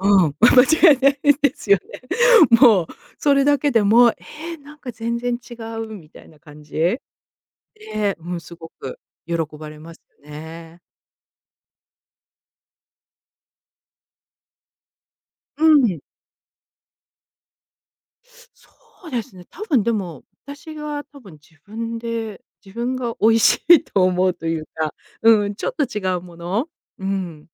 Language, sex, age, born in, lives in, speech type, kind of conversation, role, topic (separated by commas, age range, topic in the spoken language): Japanese, female, 50-54, Japan, United States, conversation, podcast, guest, 簡単に作れて喜ばれるおもてなし料理は何ですか？